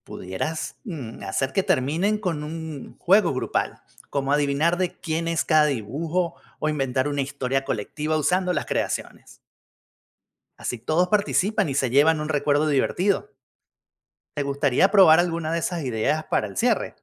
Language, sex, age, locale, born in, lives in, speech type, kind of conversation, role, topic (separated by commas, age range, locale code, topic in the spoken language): Spanish, male, 50-54, es-VE, Venezuela, United States, read, expert-assistant, expert, ¿Cuál es una buena manera de reunir a la familia o amigos para crear arte popular juntos?